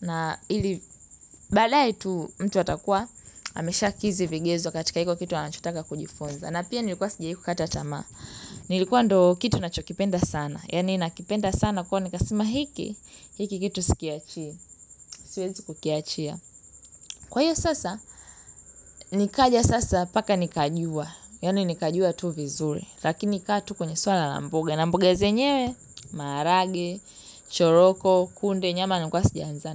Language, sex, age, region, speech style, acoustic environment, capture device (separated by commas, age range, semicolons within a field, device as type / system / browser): Swahili, female, 18-24, Tanzania, relaxed, nature, mobile / Linux / Chrome